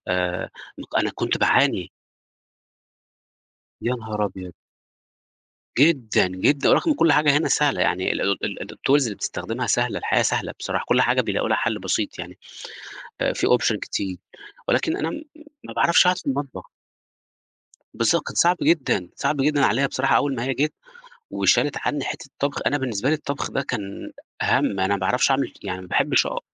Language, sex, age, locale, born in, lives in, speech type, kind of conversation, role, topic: Arabic, male, 30-34, ar-EG, Egypt, Portugal, conversation, podcast, guest, إزاي اخترت شريك حياتك أو خدت قرار الارتباط؟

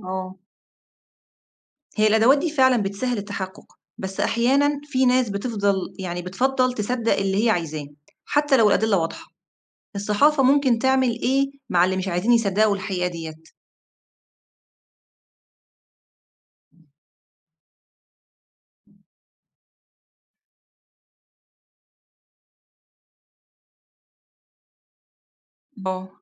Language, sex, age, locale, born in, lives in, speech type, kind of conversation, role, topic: Arabic, female, 35-39, ar-EG, Egypt, Egypt, read, expert-assistant, asker, إيه الأفكار المبتكرة اللي ممكن تساعد الصحافة في إنها تفضل مصدر موثوق للمعلومات في زمن السوشيال ميديا والإشاعات؟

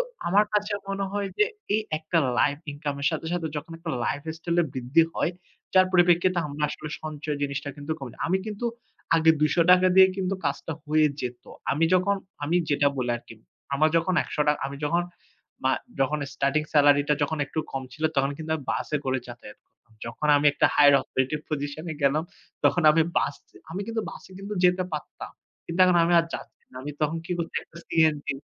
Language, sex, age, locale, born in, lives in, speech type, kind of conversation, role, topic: Bengali, male, 25-29, bn-BD, Bangladesh, Finland, conversation, unstructured, none, আজকাল টাকা সঞ্চয় করা এত কঠিন মনে হয় কেন?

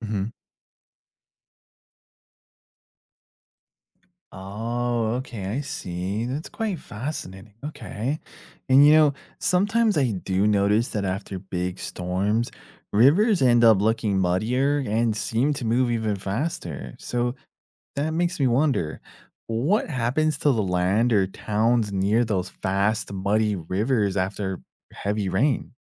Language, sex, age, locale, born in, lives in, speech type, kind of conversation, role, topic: English, male, 30-34, en-US, United States, United States, read, expert-assistant, asker, Why do some rivers flow faster than others?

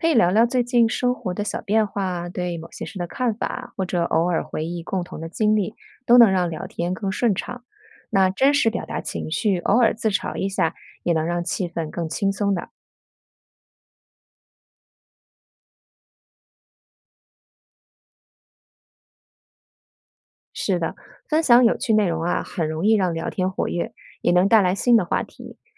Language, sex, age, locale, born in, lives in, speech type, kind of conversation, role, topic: Chinese, female, 40-44, zh-CN, China, United States, read, expert-assistant, expert, 在忙碌的时候，你会用什么方式安排和亲友的沟通时间？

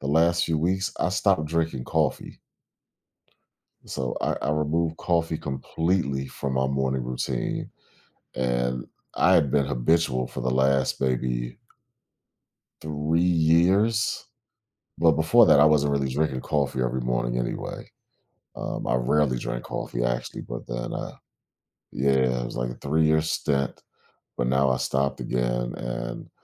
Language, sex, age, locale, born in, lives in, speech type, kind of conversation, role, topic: English, male, 40-44, en-US, United States, United States, conversation, podcast, host, How does getting enough quality sleep impact our health and daily life?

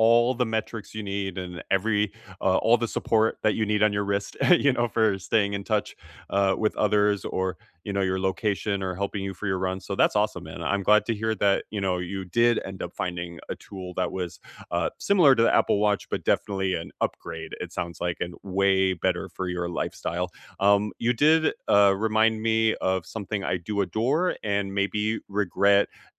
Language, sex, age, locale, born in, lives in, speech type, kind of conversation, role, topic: English, male, 30-34, en-US, United States, United States, conversation, unstructured, none, Which gadget do you regret buying, and which one do you adore?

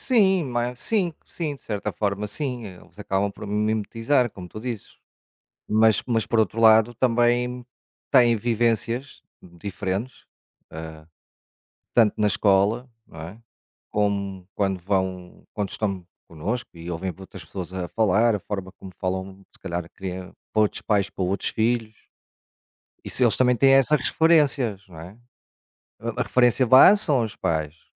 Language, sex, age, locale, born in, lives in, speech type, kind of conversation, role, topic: Portuguese, male, 45-49, pt-PT, Portugal, Portugal, conversation, unstructured, none, O que te inspira a ser uma pessoa melhor?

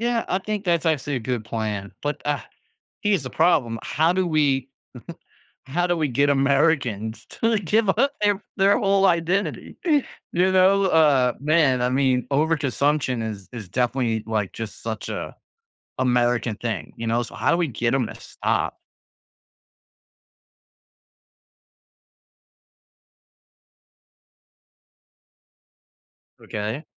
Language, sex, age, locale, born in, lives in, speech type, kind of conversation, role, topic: English, male, 35-39, en-US, United States, United States, conversation, podcast, host, What are some effective ways individuals and communities can work together to protect the environment?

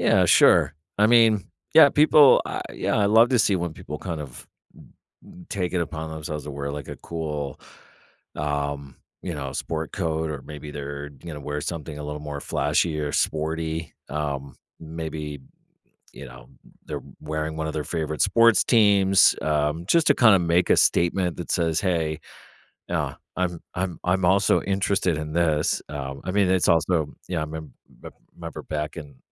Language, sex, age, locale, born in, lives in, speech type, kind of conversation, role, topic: English, male, 45-49, en-US, United States, United States, conversation, podcast, guest, In what ways do our clothing choices reflect or shape how we feel?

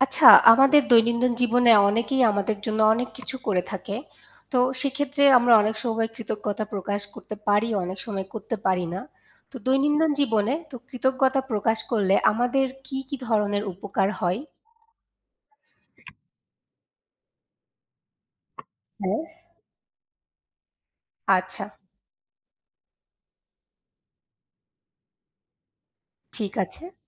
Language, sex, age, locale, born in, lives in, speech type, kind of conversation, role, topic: Bengali, female, 25-29, bn-BD, Bangladesh, Bangladesh, read, expert-assistant, asker, দৈনন্দিন জীবনে কৃতজ্ঞতা প্রকাশের উপকারিতা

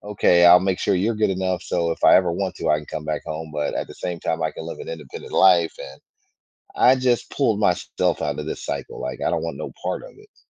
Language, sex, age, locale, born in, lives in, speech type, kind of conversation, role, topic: English, male, 40-44, en-US, United States, United States, conversation, advice, user, How can I start dating again after a long break in a way that feels confident and balanced?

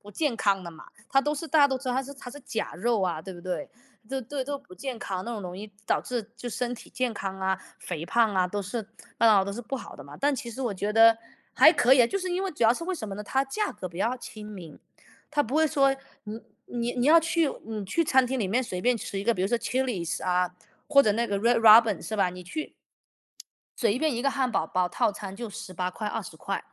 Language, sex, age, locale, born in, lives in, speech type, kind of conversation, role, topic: Chinese, male, 35-39, zh-CN, United States, United States, conversation, unstructured, none, 你有没有特别喜欢的地方小吃？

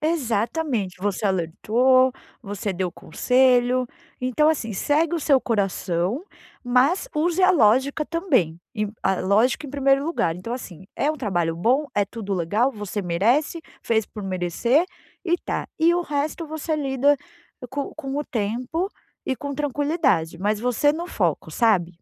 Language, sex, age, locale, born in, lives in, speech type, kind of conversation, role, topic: Portuguese, female, 40-44, pt-BR, Brazil, United States, conversation, advice, advisor, Como posso tomar decisões que respeitem meus valores e princípios?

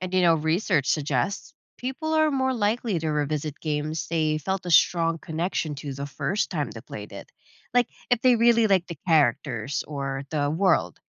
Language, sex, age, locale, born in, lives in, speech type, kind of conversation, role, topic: English, female, 30-34, en-US, Philippines, United States, read, expert-assistant, expert, How should games be prioritized in a large backlog?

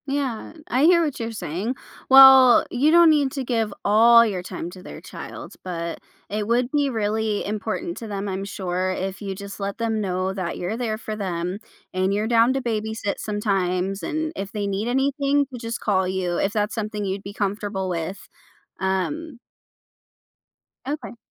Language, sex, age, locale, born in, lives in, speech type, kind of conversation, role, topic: English, female, 25-29, en-US, United States, United States, conversation, advice, advisor, How should I tell my family about my pregnancy?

